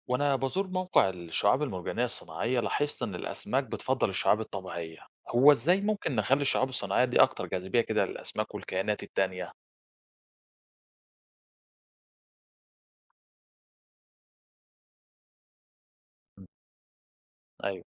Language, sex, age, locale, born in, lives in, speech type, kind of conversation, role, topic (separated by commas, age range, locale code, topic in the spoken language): Arabic, male, 30-34, ar-EG, Egypt, Greece, read, expert-assistant, asker, إزاي ممكن نعيد تصميم الشعاب المرجانية الصناعية بحيث تساعد الأسماك والكائنات البحرية تتكاثر وتحافظ على البيئة أكتر؟